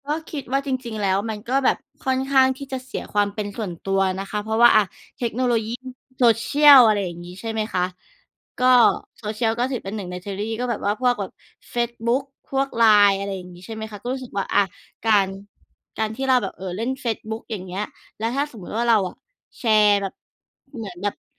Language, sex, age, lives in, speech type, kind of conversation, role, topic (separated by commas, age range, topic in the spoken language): Thai, female, 35-39, Thailand, conversation, unstructured, none, เทคโนโลยีช่วยให้ชีวิตสะดวกขึ้น แต่ทำให้เราเสียความเป็นส่วนตัวหรือไม่?